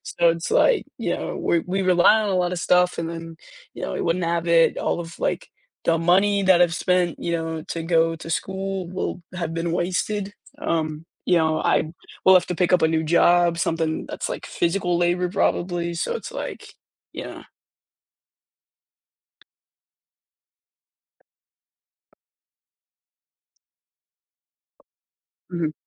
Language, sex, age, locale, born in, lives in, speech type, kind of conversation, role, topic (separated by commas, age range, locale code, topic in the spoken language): English, male, 18-19, en-US, United States, United States, conversation, unstructured, none, Would you rather live in a world without technology or in a world without nature?